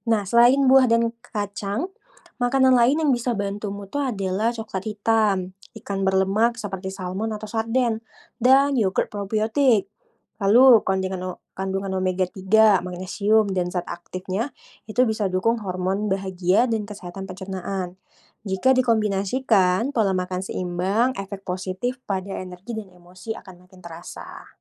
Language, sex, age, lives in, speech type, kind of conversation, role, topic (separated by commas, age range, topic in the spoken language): Indonesian, female, 25-29, Indonesia, read, expert-assistant, expert, Bagaimana nutrisi yang tepat dapat memengaruhi kesehatan mental dan energi harian kita?